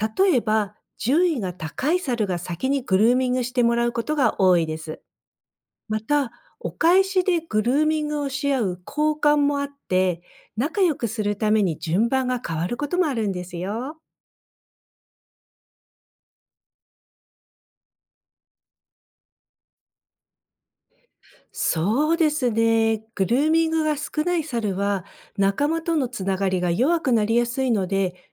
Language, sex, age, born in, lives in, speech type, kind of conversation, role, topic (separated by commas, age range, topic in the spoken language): Japanese, female, 55-59, Japan, United States, read, expert-assistant, expert, どうしてサルはグルーミングをするのか？